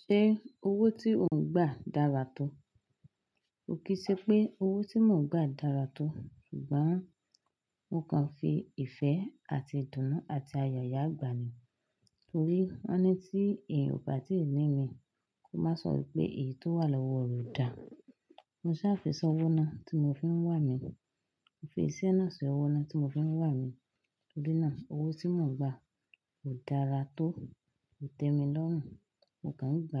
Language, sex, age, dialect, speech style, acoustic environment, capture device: Yoruba, female, 25-34, Nigeria - Lagos Yoruba, happy, silence, desktop / Linux / Chrome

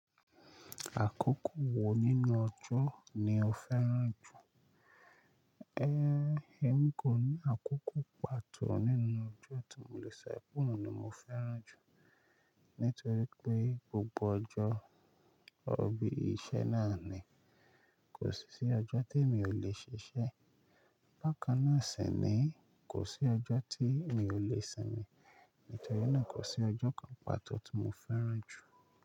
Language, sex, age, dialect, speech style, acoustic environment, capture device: Yoruba, male, 25-34, Nigeria - Lagos Yoruba, relaxed, nature; people talking, mobile / Linux / Chrome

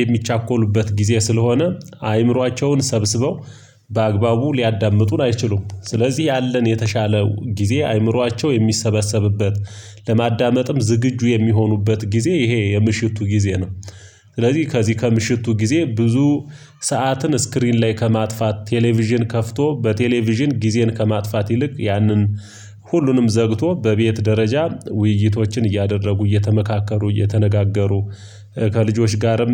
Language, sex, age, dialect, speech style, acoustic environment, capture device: Amharic, male, 25-34, Ethiopia - Gojjam, excited, silence, mobile / Linux / Chrome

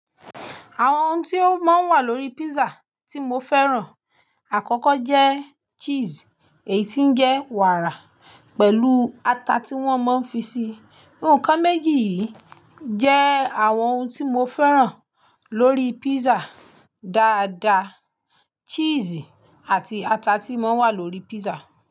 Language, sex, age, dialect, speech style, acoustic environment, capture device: Yoruba, female, 25-34, Nigeria - Ibadan, focused; relaxed, silence, mobile / Linux / Chrome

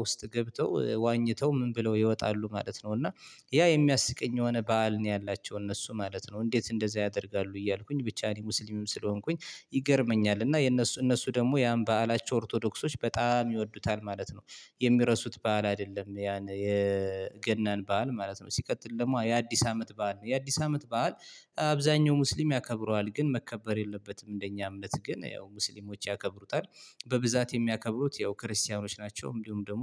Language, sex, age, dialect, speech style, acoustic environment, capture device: Amharic, male, 25-34, Ethiopia - Gondar, relaxed, silence, mobile / Linux / Chrome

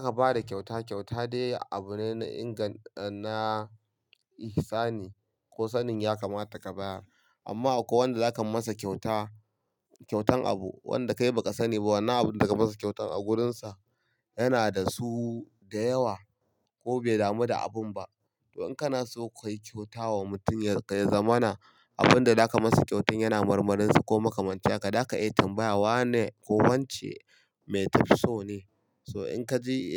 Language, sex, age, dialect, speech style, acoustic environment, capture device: Hausa, male, 25-34, Nigeria - Kano, happy; relaxed; excited; focused, silence; nature; people talking, mobile / Linux / Chrome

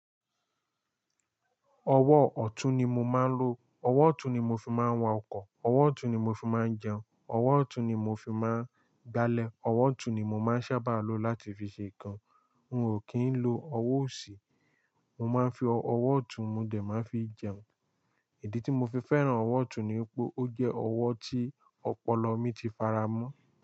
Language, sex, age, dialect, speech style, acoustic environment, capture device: Yoruba, male, 18-24, Nigeria - Lagos Yoruba, excited, silence, mobile / Linux / Chrome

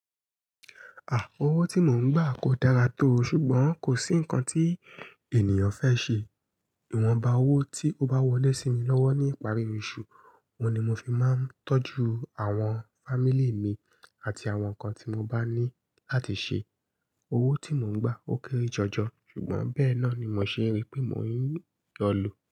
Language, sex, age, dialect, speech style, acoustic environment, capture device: Yoruba, female, 25-34, Nigeria - Ibadan, happy, nature, mobile / Linux / Chrome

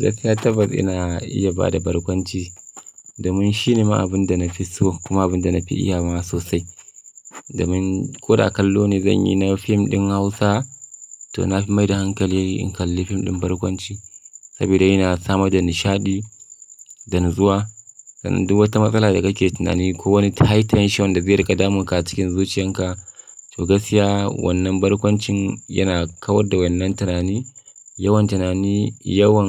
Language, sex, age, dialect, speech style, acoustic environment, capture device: Hausa, male, 25-34, Nigeria - Kano, happy, silence, mobile / Linux / Chrome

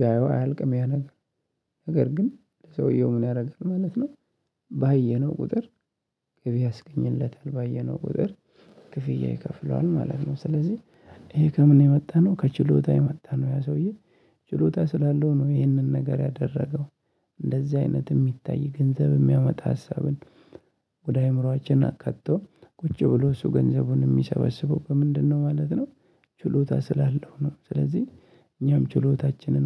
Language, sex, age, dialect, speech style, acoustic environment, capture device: Amharic, male, 25-34, Ethiopia - Addis Ababa, happy, nature, mobile / Linux / Chrome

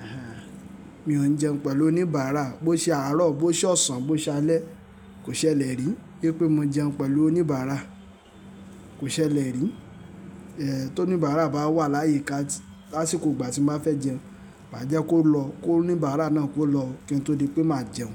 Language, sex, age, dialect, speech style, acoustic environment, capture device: Yoruba, male, 25-34, Nigeria - Lagos Yoruba, happy, silence, mobile / Linux / Chrome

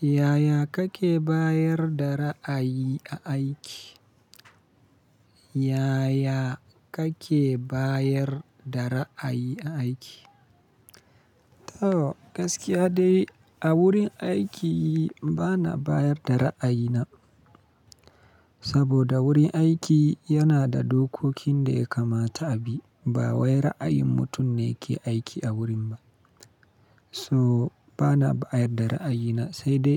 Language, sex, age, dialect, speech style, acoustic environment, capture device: Hausa, male, 25-34, Nigeria - Kano, happy, silence, mobile / Linux / Chrome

